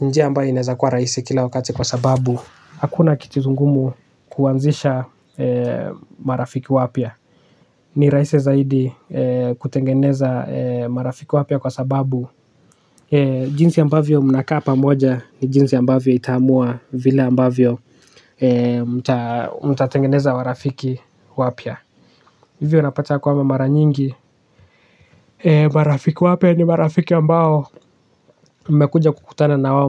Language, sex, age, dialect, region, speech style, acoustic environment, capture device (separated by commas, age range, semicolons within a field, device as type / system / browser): Swahili, male, 18-24, Kenya - Nairobi Sheng-influenced, Kenya, relaxed; focused, silence, desktop / Linux / Chrome